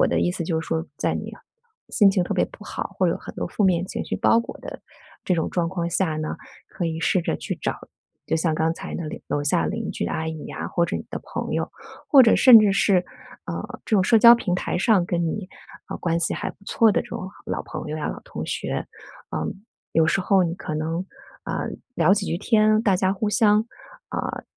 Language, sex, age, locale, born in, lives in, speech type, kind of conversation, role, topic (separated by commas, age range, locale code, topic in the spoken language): Chinese, female, 40-44, zh-CN, China, United States, conversation, advice, advisor, 搬家后感到孤独又想家，该怎么办？